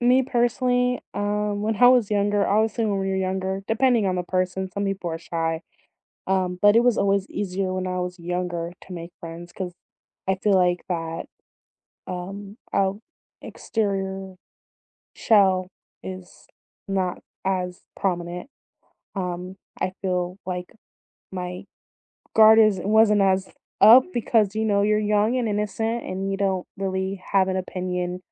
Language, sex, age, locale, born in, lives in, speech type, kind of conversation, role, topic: English, female, 30-34, en-US, United States, United States, conversation, unstructured, none, What is your go-to way to meet new people locally?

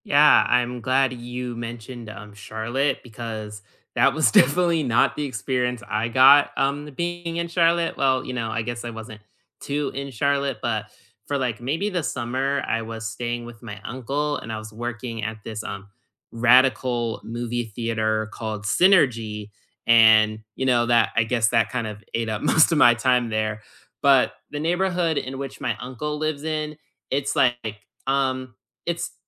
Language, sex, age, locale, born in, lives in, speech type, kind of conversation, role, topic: English, male, 20-24, en-US, United States, United States, conversation, unstructured, none, What neighborhood hangouts and everyday places around here feel most like home to you, and why?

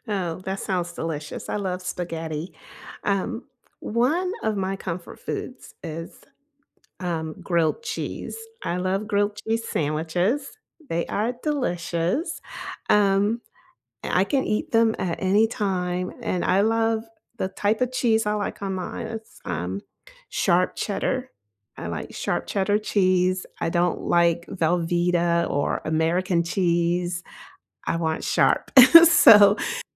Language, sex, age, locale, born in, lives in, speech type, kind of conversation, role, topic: English, female, 55-59, en-US, United States, United States, conversation, unstructured, none, What recipes or comfort foods make you feel most nourished and cozy?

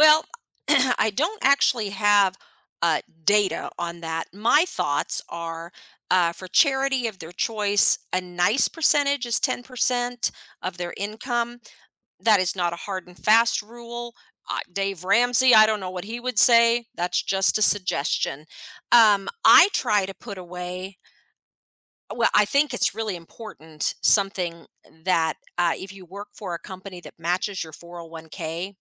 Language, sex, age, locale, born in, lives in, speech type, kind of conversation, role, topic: English, female, 50-54, en-US, United States, United States, conversation, podcast, guest, How can learning to budget help you take control of your finances?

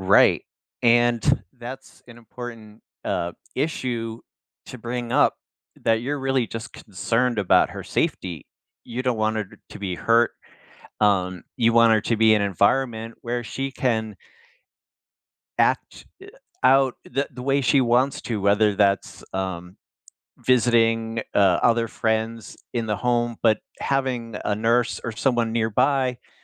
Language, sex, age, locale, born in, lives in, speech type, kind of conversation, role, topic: English, male, 55-59, en-US, United States, United States, conversation, advice, advisor, How can I start a sensitive conversation with a friend or family member without making them defensive?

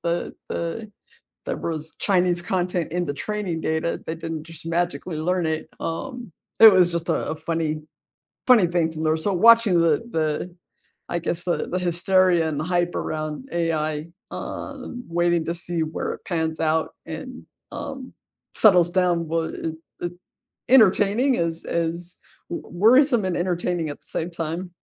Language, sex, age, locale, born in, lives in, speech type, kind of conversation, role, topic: English, female, 45-49, en-US, United States, United States, conversation, unstructured, none, What was the last thing you learned online that surprised you?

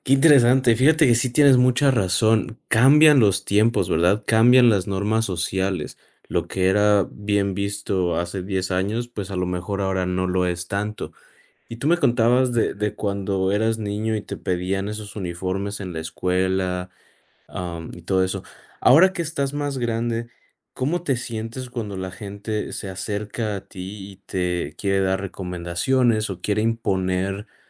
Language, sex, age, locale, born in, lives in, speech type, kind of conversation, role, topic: Spanish, male, 30-34, es-MX, Mexico, United States, conversation, podcast, host, ¿Cómo manejas la presión de los demás sobre cómo te vistes?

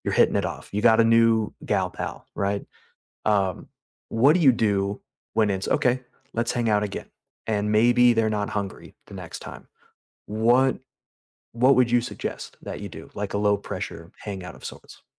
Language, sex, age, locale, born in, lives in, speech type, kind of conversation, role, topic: English, male, 30-34, en-US, United States, United States, conversation, unstructured, none, How do you make new friends as an adult in low-pressure, genuine ways?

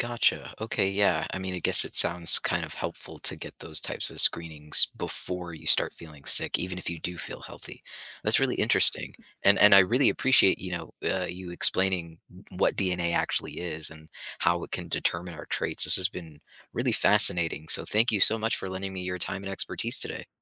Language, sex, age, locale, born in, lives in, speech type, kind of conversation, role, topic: English, male, 25-29, en-US, United States, United States, read, expert-assistant, asker, What is DNA and how does it determine our traits?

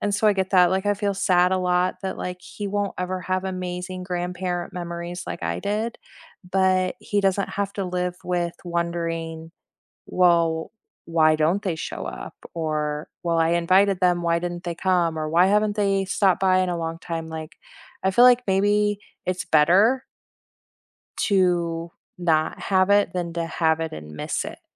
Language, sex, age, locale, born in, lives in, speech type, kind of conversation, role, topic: English, female, 40-44, en-US, United States, United States, conversation, unstructured, none, Which childhood place do you miss most, and what vivid memory still brings it back for you?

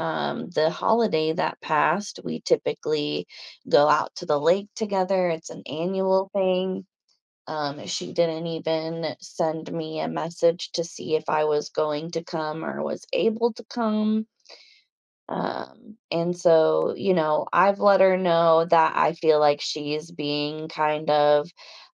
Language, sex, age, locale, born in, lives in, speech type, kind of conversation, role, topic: English, female, 30-34, en-US, United States, United States, conversation, advice, user, How can I resolve a disagreement with a close friend and repair our relationship?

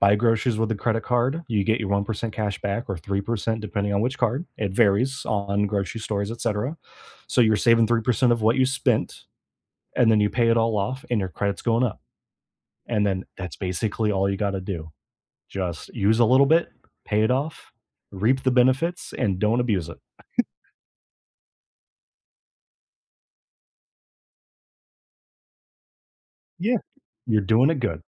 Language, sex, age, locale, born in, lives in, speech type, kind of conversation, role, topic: English, male, 25-29, en-US, United States, United States, conversation, unstructured, none, What surprising factors can affect your credit score?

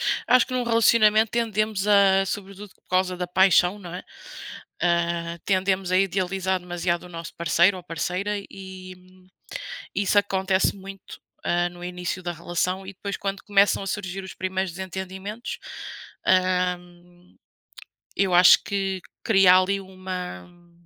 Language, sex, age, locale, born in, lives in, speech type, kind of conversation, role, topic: Portuguese, female, 30-34, pt-PT, Portugal, Portugal, conversation, unstructured, none, O impacto dos desentendimentos é mais profundo em amizades ou em relacionamentos amorosos?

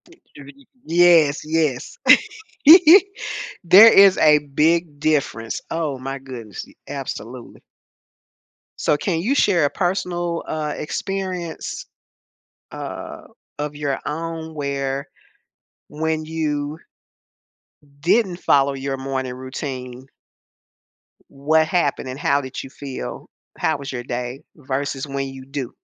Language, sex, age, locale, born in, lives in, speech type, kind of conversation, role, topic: English, female, 70-74, en-US, United States, United States, conversation, podcast, host, How do your morning habits impact your day and overall well-being?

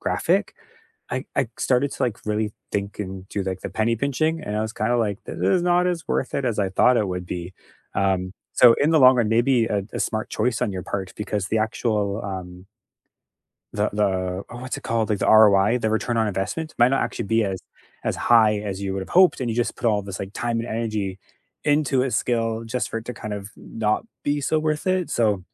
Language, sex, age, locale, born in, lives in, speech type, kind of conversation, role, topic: English, male, 35-39, en-CA, Canada, Canada, conversation, unstructured, none, How do you decide which skill is worth learning?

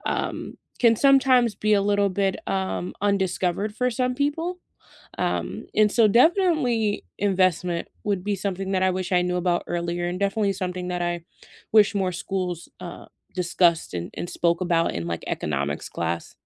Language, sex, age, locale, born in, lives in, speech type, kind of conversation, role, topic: English, female, 25-29, en-US, United States, United States, conversation, unstructured, none, What is one thing you wish you had learned about money earlier?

